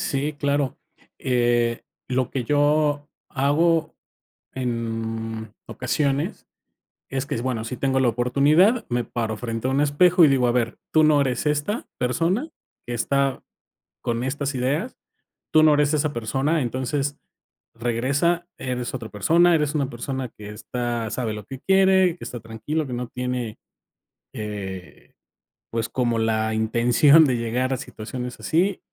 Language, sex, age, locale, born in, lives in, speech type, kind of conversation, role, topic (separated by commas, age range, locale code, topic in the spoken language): Spanish, male, 45-49, es-MX, Mexico, Mexico, conversation, podcast, guest, ¿Qué técnicas usas para calmar tu diálogo interno?